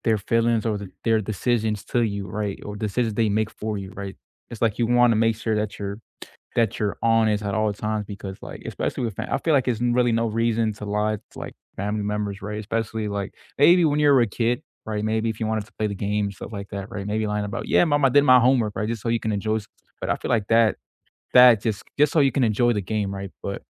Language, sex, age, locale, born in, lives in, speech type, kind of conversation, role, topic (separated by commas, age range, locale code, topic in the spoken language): English, male, 20-24, en-US, United States, United States, conversation, unstructured, none, Do white lies preserve relationships more effectively than always insisting on brutal honesty?